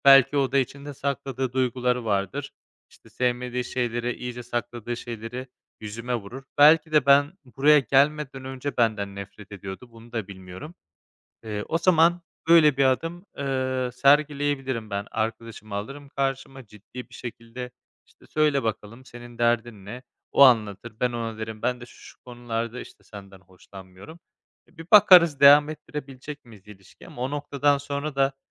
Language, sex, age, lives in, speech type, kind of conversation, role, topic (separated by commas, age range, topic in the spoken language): Turkish, male, 25-29, Spain, conversation, advice, user, Yakın bir arkadaşımın bana aniden soğumasının nedenini bilmiyorsam ne yapmalıyım?